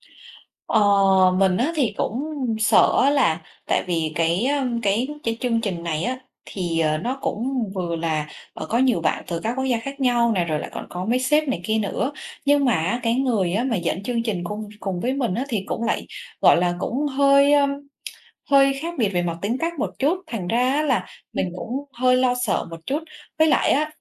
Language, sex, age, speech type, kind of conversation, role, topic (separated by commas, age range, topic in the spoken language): Vietnamese, female, 25-29, conversation, advice, user, Bạn sợ nói trước đám đông đến mức nào?